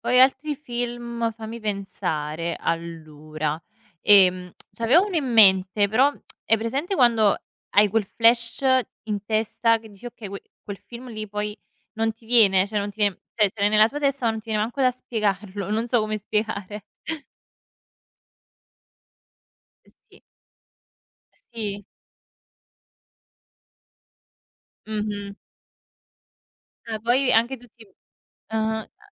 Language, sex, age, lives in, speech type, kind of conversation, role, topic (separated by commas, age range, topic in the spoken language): Italian, female, 25-29, Italy, conversation, unstructured, none, Qual è il film che ti ha fatto ridere di più?